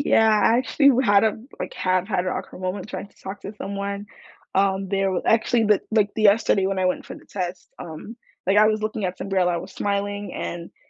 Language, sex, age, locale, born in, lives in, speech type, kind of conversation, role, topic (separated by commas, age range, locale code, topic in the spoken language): English, female, 18-19, en-US, United States, United States, conversation, unstructured, none, What made your best recent conversation with a stranger meaningful, and are these interactions worth seeking out?